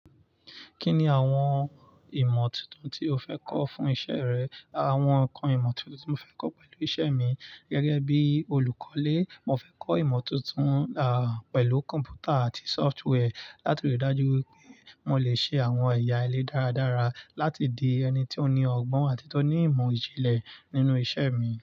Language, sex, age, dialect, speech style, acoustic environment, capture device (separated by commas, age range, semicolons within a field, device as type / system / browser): Yoruba, male, 25-34, Nigeria - Nigerian Standard, relaxed, nature, mobile / Linux / Chrome